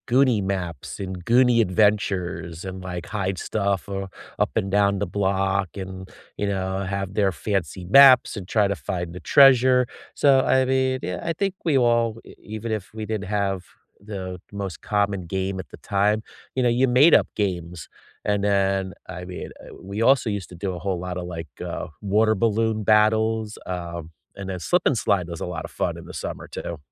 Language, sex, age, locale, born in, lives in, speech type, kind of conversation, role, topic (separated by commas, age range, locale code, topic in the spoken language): English, male, 50-54, en-US, United States, United States, conversation, unstructured, none, What childhood game or pastime do you still secretly love?